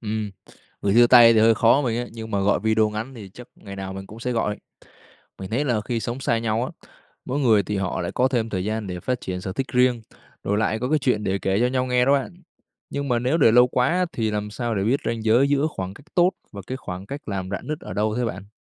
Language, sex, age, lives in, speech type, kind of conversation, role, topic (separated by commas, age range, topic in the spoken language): Vietnamese, male, 20-24, Vietnam, read, expert-assistant, asker, Có những cách sáng tạo nào giúp giữ lửa tình yêu lâu dài trong một mối quan hệ?